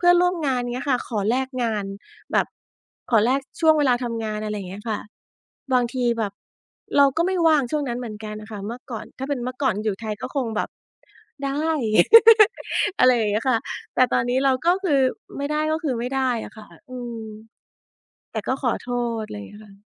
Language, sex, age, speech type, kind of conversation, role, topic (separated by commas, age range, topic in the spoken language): Thai, female, 40-44, conversation, podcast, guest, คุณฝึกบอกว่า “ไม่” อย่างมั่นใจได้อย่างไร?